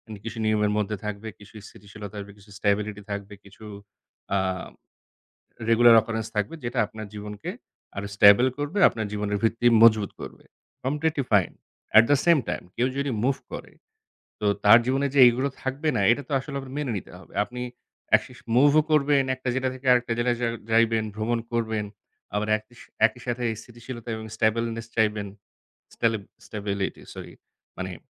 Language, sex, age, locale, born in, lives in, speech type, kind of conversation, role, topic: Bengali, male, 30-34, bn-BD, Bangladesh, Bangladesh, conversation, unstructured, none, আপনি কি এমন জীবন বেছে নেবেন যেখানে সবসময় ভ্রমণ করবেন কিন্তু স্থায়ী ঠিকানা কম থাকবে, নাকি এমন জীবন যেখানে স্থায়ী ঠিকানা থাকবে কিন্তু ভ্রমণ কম করবেন?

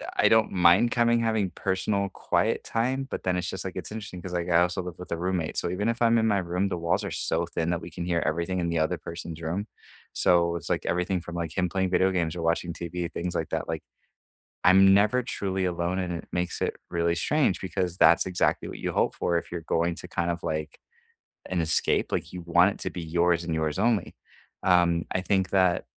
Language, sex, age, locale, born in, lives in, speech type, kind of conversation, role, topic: English, male, 30-34, en-US, United States, United States, conversation, unstructured, none, What nearby place do you go to for a quick escape, and what memories or routines make it special to you?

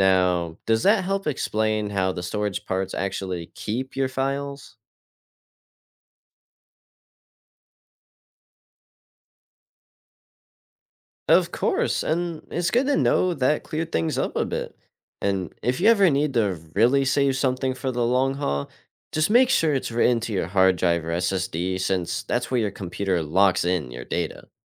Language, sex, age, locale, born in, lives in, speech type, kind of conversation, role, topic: English, male, 18-19, en-US, United States, United States, read, expert-assistant, expert, How does a computer store information?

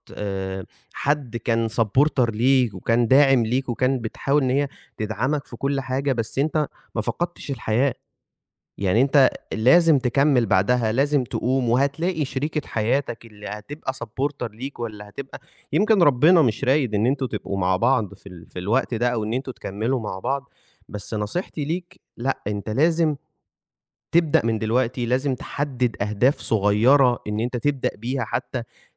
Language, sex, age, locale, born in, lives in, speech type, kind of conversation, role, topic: Arabic, male, 20-24, ar-EG, Egypt, Egypt, conversation, advice, advisor, إزاي أبدأ أستعيد إحساسي بنفسي وباتجاهي في حياتي بعد الانفصال؟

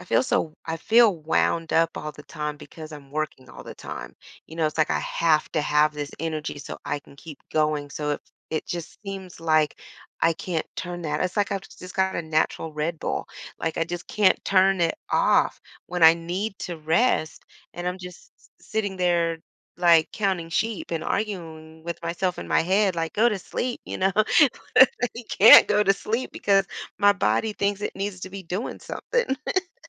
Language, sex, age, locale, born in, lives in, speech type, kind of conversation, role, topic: English, female, 45-49, en-US, United States, United States, conversation, advice, user, How can I reduce overwhelm and establish a healthier work-life balance?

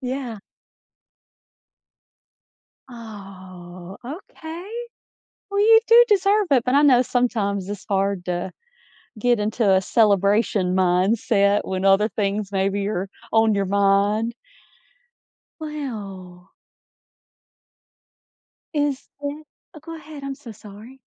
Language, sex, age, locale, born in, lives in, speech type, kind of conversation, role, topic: English, female, 40-44, en-US, United States, United States, conversation, advice, advisor, How can I celebrate my recent achievement meaningfully?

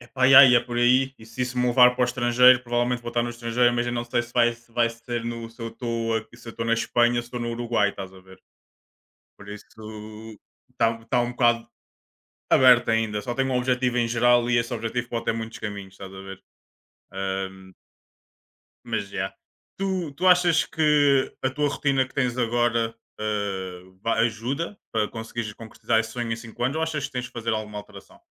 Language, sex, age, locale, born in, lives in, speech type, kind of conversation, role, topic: Portuguese, male, 25-29, pt-PT, Portugal, Portugal, conversation, unstructured, none, Como você imagina que será a sua vida daqui a cinco anos?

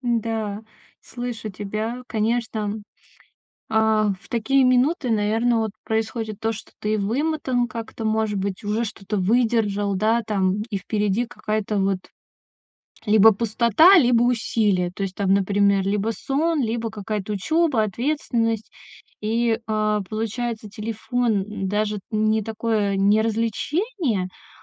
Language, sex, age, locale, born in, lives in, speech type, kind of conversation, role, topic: Russian, female, 30-34, ru-RU, Russia, Estonia, conversation, advice, advisor, Как мне заменить вредные привычки полезными действиями на постоянной основе?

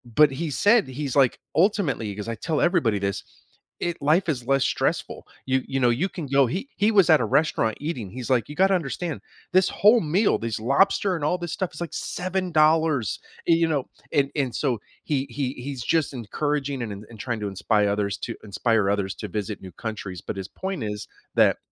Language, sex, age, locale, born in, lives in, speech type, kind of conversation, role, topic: English, male, 45-49, en-US, United States, United States, conversation, podcast, host, Why do people seek out new experiences through travel?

